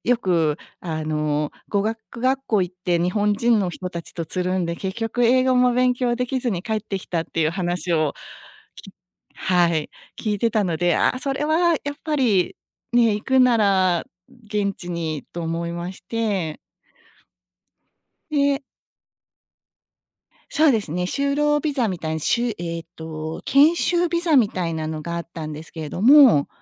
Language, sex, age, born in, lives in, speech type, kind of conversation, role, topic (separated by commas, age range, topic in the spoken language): Japanese, female, 50-54, Japan, United States, conversation, podcast, guest, 情熱と安定のどちらを優先すべきですか？